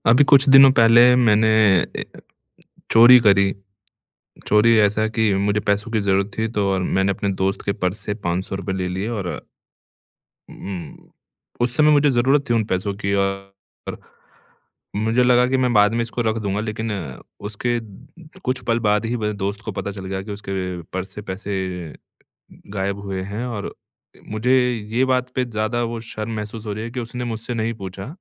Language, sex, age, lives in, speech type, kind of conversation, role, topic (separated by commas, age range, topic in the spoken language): Hindi, male, 30-34, India, conversation, advice, user, जब मुझे लगे कि मैंने कुछ गलत किया है, तो मैं अपराधबोध और शर्म को कैसे संभालूँ?